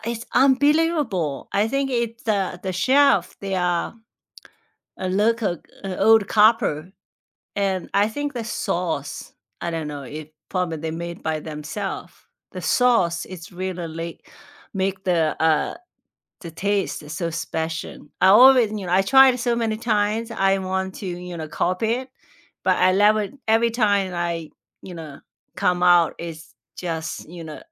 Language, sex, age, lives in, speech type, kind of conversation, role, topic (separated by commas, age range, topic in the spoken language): English, female, 45-49, United States, conversation, unstructured, none, Which hidden gems in your city do you love showing visiting friends, and why?